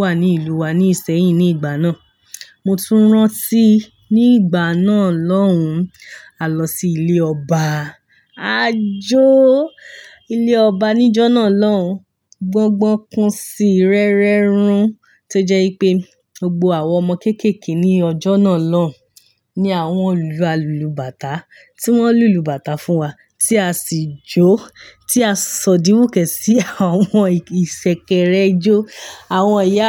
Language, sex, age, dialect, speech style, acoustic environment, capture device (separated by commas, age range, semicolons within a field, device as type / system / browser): Yoruba, female, 25-34, Nigeria - Ibadan, happy; relaxed; excited; focused, nature; silence, mobile / Linux / Chrome